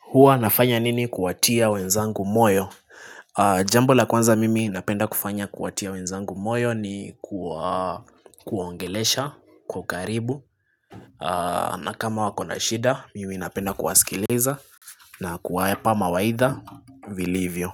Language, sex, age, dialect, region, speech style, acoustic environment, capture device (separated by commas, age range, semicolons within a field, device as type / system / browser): Swahili, male, 25-34, Kenya - Nairobi Sheng-influenced, Kenya, relaxed; focused, nature, desktop / Linux / Chrome